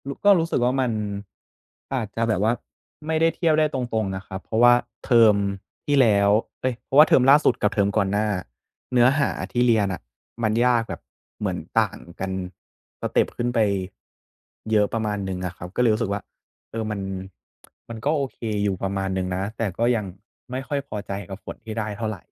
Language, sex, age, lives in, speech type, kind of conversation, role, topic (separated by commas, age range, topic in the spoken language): Thai, male, 20-24, Thailand, conversation, advice, user, ฉันจะทำอย่างไรเพื่อรักษาแรงจูงใจของตัวเองให้ยาวนาน?